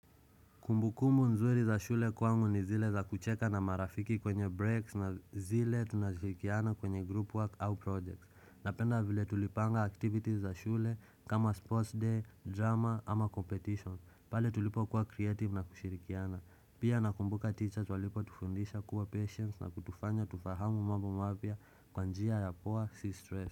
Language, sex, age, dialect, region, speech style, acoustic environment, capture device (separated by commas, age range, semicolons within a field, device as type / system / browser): Swahili, male, 25-34, Kenya - Nairobi Sheng-influenced, Kenya, relaxed, silence, desktop / Windows / Chrome